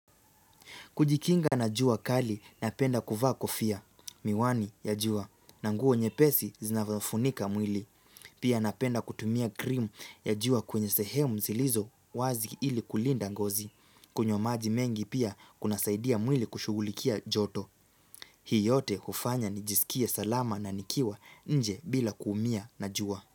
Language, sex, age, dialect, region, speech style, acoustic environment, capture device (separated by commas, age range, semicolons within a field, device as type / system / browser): Swahili, male, 18-24, Kenya - Nairobi Sheng-influenced, Kenya, relaxed; focused; happy; excited, silence; nature, mobile / Linux / Chrome